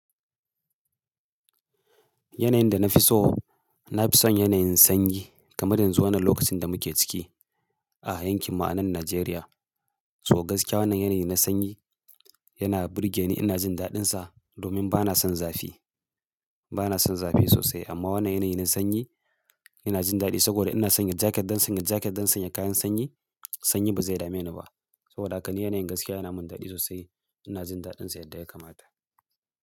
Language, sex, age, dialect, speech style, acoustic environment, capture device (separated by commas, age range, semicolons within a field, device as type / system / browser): Hausa, male, 35-44, Nigeria - Kano, happy; focused; excited, silence, mobile / Linux / Chrome